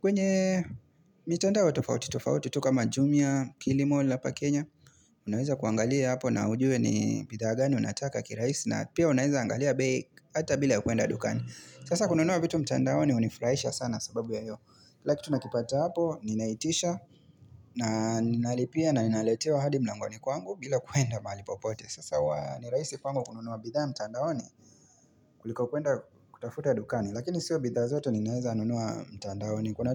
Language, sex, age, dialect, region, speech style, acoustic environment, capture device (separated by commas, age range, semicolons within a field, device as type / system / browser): Swahili, male, 25-34, Kenya - Mombasa, Kenya, relaxed, nature; traffic, mobile / Linux / Chrome